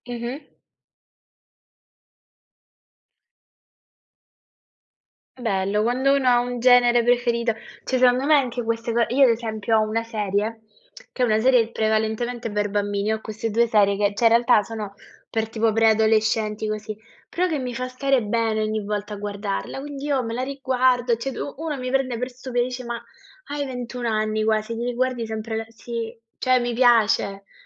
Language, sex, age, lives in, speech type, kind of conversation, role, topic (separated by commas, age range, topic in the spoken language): Italian, female, 20-24, Italy, conversation, unstructured, none, Quali sono i piccoli gesti che rendono la vita più bella?